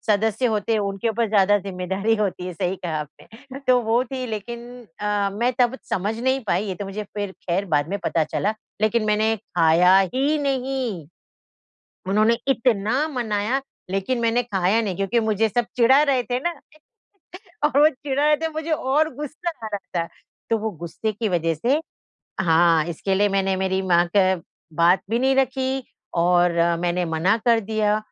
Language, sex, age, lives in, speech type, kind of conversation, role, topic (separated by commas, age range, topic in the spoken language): Hindi, female, 50-54, India, conversation, podcast, guest, माँ के हाथ का खाना आपके लिए इतना खास क्यों है?